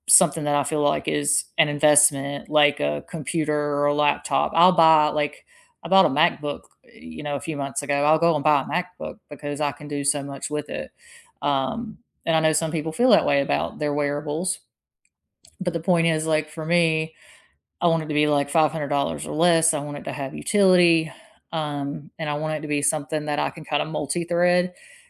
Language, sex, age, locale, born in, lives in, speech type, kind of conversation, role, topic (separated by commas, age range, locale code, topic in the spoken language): English, female, 40-44, en-US, United States, United States, conversation, unstructured, none, Which wearables do you actually wear most often for fitness, sleep, or notifications?